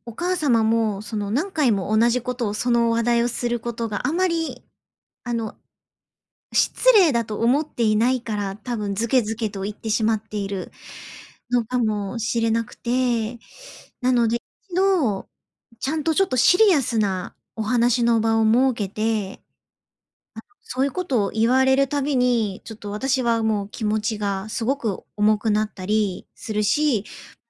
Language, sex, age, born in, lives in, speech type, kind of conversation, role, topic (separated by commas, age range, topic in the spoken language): Japanese, female, 30-34, Japan, Japan, conversation, advice, advisor, 家族の期待にどう向き合い、自分の境界線を上手に引けばよいですか？